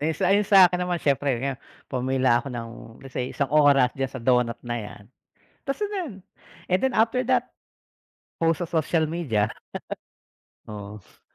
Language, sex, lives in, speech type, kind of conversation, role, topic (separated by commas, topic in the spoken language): Filipino, male, Philippines, conversation, unstructured, none, Ano ang reaksiyon mo kapag biglang tumaas ang presyo ng paborito mong pagkain?